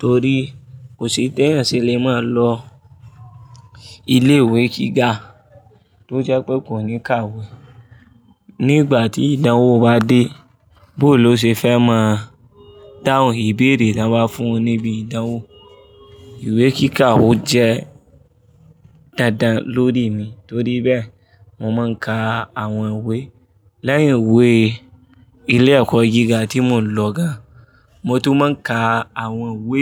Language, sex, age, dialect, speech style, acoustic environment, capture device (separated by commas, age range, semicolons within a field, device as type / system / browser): Yoruba, male, 25-34, Nigeria - Kano, focused, people talking; traffic; music; appliances, mobile / Linux / Chrome